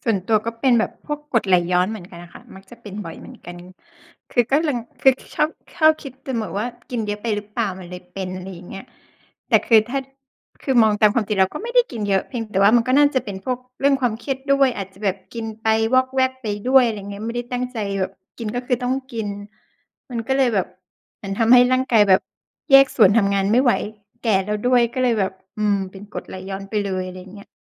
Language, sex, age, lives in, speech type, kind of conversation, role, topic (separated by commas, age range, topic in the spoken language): Thai, female, 40-44, Thailand, conversation, unstructured, none, คุณคิดว่าความเครียดส่งผลต่อร่างกายอย่างไรบ้าง?